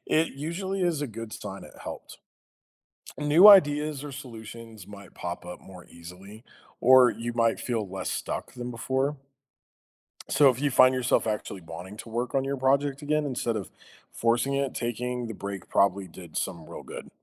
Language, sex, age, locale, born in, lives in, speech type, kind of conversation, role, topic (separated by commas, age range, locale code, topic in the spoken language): English, male, 35-39, en-US, United States, United States, read, expert-assistant, expert, What are some ways to express yourself creatively?